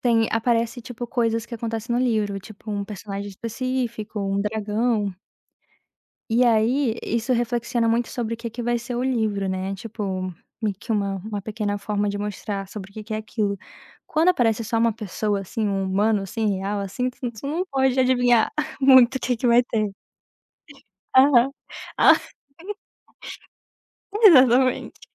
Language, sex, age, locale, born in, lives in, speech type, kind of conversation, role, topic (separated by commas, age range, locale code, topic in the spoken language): Portuguese, female, 20-24, pt-BR, Brazil, United States, conversation, podcast, guest, Como foi retomar um hobby depois de ficar anos sem praticar?